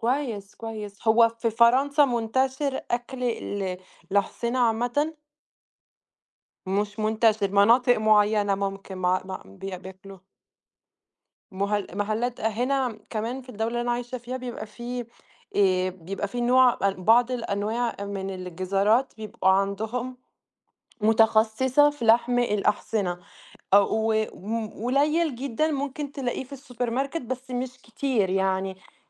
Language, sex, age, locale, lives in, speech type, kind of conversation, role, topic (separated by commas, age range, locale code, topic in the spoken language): Arabic, female, 20-24, ar-EG, Italy, conversation, unstructured, none, إيه أسوأ أكلة جربتها، وليه ما عجبتك؟